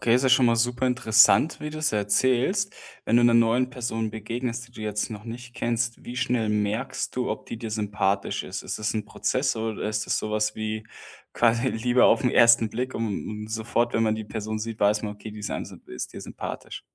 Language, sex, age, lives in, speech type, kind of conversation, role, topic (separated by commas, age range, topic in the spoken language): German, male, 25-29, Germany, conversation, podcast, host, Was macht einen Charakter wirklich sympathisch?